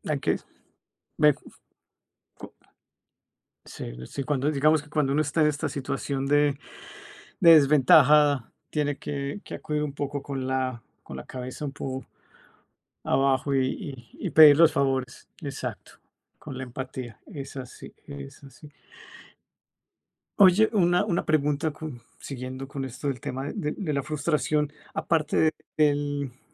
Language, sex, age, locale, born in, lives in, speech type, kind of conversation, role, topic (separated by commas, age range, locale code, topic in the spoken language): Spanish, male, 45-49, es-CO, Colombia, Italy, conversation, unstructured, none, ¿Qué ha sido lo más frustrante que te ha pasado al viajar?